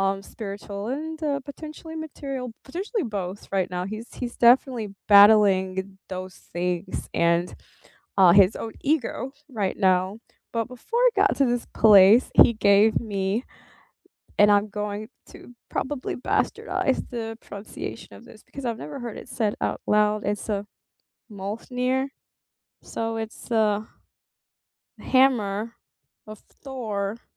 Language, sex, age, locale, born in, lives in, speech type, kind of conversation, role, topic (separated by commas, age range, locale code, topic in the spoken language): English, female, 40-44, en-US, United States, United States, conversation, unstructured, none, What is a meaningful gift you have given or received recently?